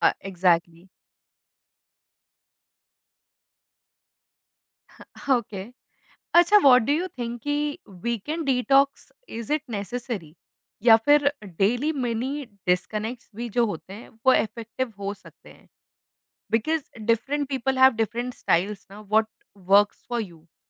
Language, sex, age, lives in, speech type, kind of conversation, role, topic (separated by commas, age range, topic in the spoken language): Hindi, female, 25-29, India, conversation, podcast, host, Work-life balance aur phone se disconnect kaise achieve karte ho?